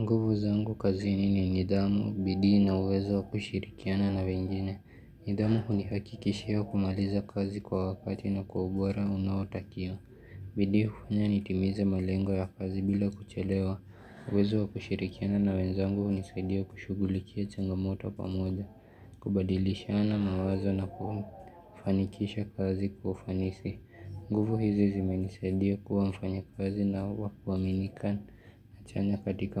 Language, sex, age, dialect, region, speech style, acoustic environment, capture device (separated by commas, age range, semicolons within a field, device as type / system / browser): Swahili, male, 18-24, Kenya - Nairobi Sheng-influenced, Kenya, relaxed, people talking; music; appliances, mobile / Linux / Chrome